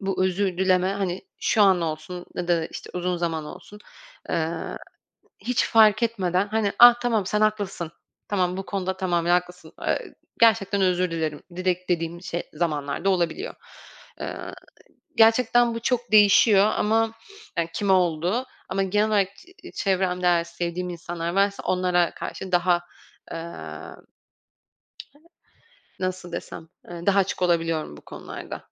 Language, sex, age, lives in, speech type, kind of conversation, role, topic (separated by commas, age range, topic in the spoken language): Turkish, female, 25-29, France, conversation, podcast, guest, Birine nasıl içten özür dilersin?